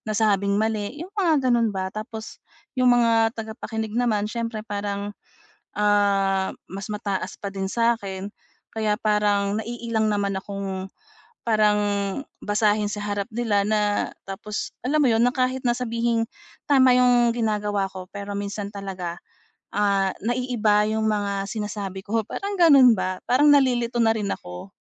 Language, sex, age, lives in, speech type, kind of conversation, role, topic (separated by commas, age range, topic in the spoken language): Filipino, female, 40-44, Philippines, conversation, advice, user, Paano ko makokontrol ang nerbiyos at galaw ng katawan kapag magsasalita sa harap ng grupo?